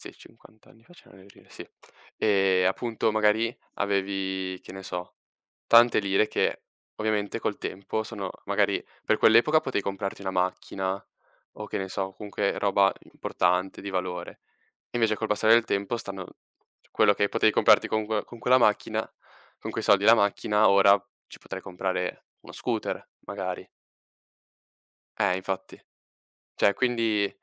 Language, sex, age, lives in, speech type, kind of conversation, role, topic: Italian, male, 18-19, Italy, conversation, unstructured, none, Preferisci risparmiare o spendere subito?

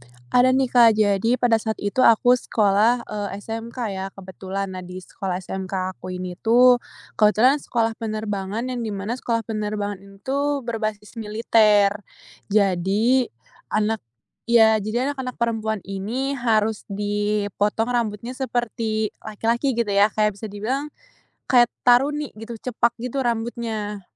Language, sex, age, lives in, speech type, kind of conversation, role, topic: Indonesian, female, 20-24, Indonesia, conversation, podcast, guest, Ada momen apa yang bikin gayamu berubah?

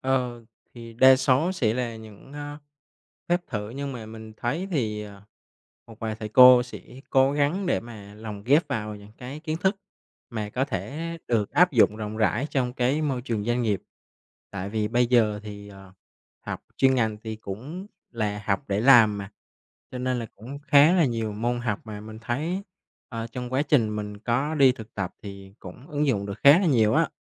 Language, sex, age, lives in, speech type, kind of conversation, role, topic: Vietnamese, male, 25-29, Vietnam, conversation, podcast, guest, Bạn thích học nhóm hay học một mình, và vì sao?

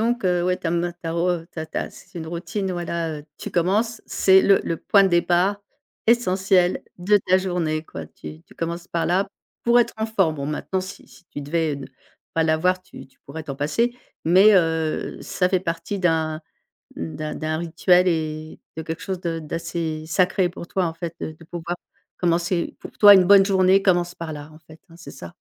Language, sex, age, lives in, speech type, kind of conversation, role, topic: French, female, 55-59, France, conversation, podcast, host, Quel est ton rituel du matin autour du café ou du thé ?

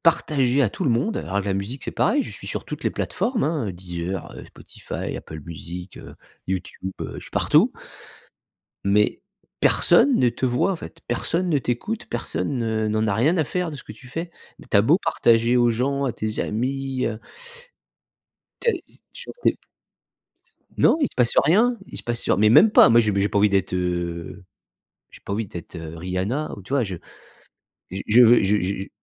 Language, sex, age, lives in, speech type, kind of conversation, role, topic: French, male, 45-49, France, conversation, advice, user, Comment la procrastination freine-t-elle vos objectifs personnels et votre bien-être ?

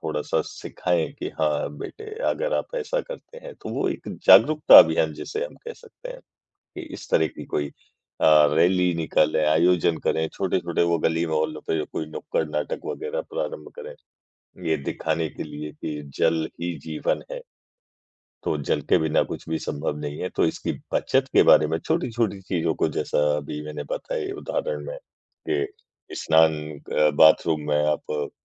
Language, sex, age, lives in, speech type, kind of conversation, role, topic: Hindi, male, 40-44, India, conversation, podcast, guest, जल की बचत के लिए आपकी सबसे प्रभावी सलाह क्या है?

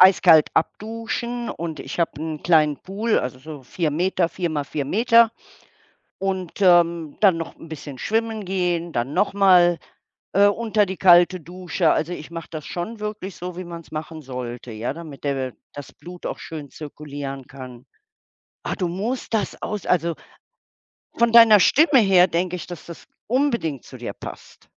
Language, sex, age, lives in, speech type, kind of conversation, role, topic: German, female, 60-64, Germany, conversation, unstructured, none, Wie gehst du mit Stress im Alltag um?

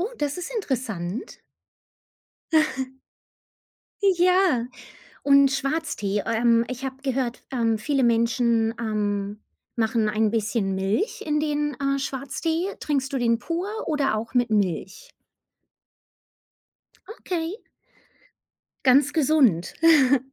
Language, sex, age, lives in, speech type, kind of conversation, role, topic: German, female, 40-44, United States, conversation, unstructured, none, Was bevorzugst du, Kaffee oder Tee, und warum?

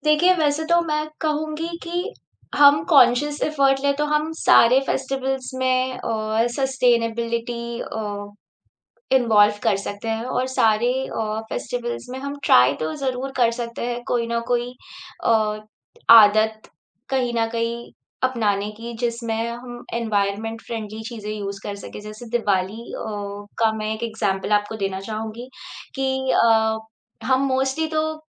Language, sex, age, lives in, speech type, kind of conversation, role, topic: Hindi, female, 30-34, India, conversation, podcast, guest, Aapke hisab se festivals ko eco-friendly kaise mana sakte hain?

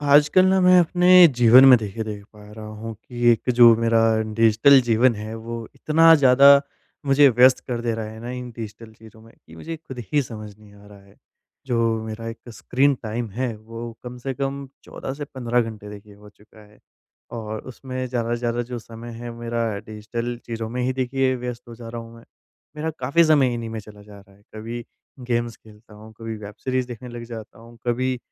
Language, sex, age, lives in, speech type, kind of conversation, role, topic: Hindi, female, 45-49, India, conversation, advice, user, मैं अपने डिजिटल जीवन को कम से कम और व्यवस्थित कैसे कर सकता/सकती हूँ?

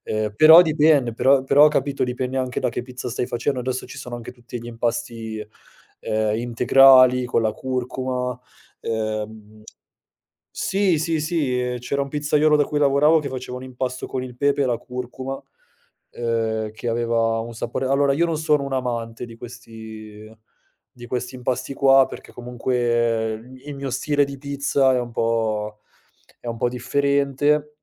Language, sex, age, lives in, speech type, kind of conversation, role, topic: Italian, male, 30-34, Italy, conversation, podcast, guest, Da dove prendi ispirazione, di solito?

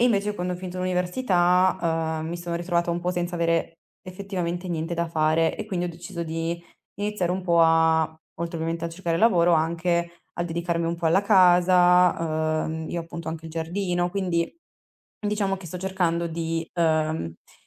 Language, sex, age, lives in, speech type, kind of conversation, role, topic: Italian, female, 20-24, Italy, conversation, advice, user, Gestione del tempo e procrastinazione costante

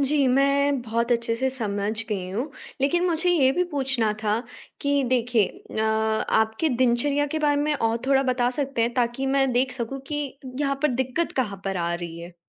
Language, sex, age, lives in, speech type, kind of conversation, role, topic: Hindi, female, 18-19, India, conversation, advice, advisor, मैं नियमित व्यायाम बनाए रखने में लगातार असफल क्यों हो रहा/रही हूँ?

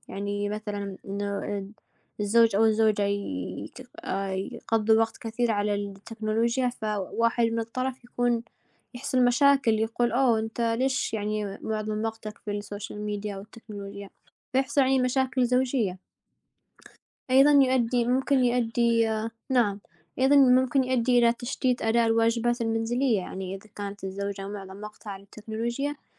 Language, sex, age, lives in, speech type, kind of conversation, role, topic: Arabic, female, 20-24, United States, conversation, unstructured, none, هل إنت شايف إن التكنولوجيا بتقرّب العيلة من بعض ولا بتبعّدها؟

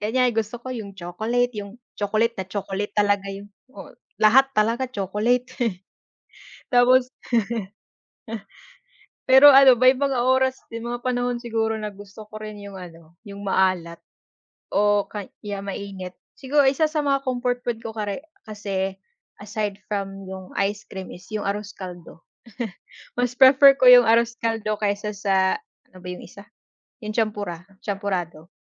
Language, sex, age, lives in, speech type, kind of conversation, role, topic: Filipino, female, 25-29, Philippines, conversation, unstructured, none, Ano ang paborito mong pagkain kapag gusto mong sumaya?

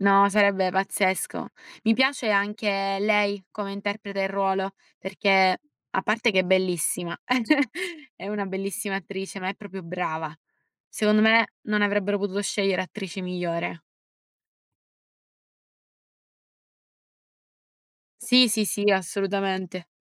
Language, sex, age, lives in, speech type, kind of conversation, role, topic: Italian, female, 20-24, Italy, conversation, unstructured, none, Preferisci leggere un libro o guardare un film?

